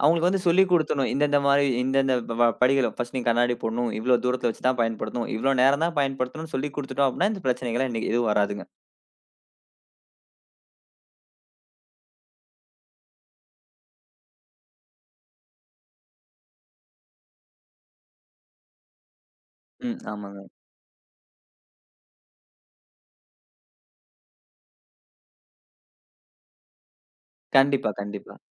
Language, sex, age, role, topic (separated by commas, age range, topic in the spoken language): Tamil, male, 20-24, guest, படுக்கைக்கு முன் திரைகளைப் பயன்படுத்துவது பற்றி நீங்கள் என்ன நினைக்கிறீர்கள்?